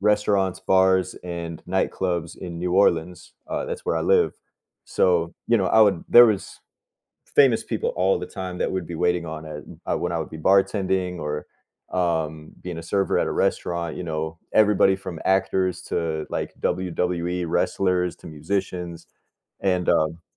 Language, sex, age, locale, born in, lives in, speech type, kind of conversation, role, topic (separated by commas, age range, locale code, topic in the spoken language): English, male, 30-34, en-US, United States, United States, conversation, unstructured, none, Would you rather be famous for your talent or for your kindness?